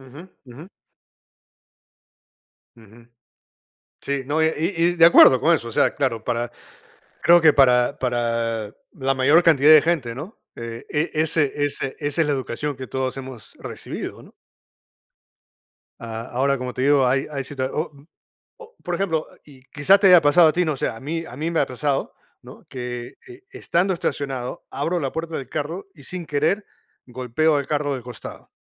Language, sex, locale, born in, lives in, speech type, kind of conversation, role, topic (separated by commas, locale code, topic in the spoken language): Spanish, male, es-PE, United States, United States, conversation, unstructured, none, ¿Se puede justificar una acción mala si ayuda a alguien cercano?